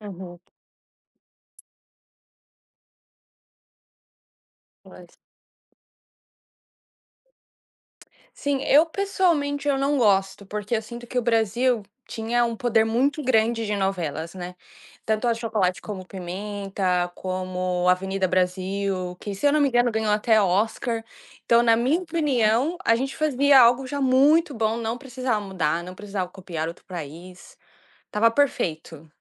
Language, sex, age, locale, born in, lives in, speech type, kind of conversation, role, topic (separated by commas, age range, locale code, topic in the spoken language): Portuguese, female, 20-24, pt-BR, Brazil, United States, conversation, podcast, guest, Como você percebe a evolução das novelas ao longo dos anos?